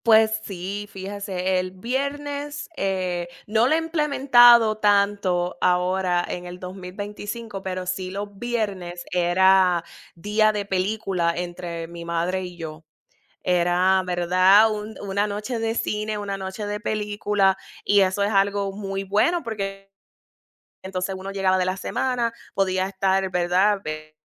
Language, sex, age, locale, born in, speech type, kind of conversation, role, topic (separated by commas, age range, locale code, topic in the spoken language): Spanish, female, 40-44, es-US, United States, conversation, unstructured, none, ¿Cómo celebras las tradiciones en tu familia?